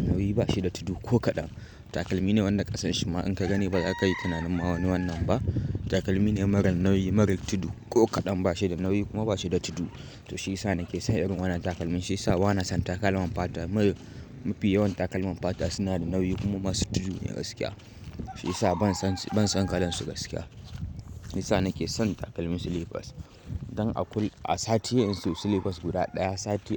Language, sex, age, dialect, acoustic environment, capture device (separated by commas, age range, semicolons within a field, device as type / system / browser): Hausa, male, 18-24, Nigeria - Kano, silence, mobile / Linux / Chrome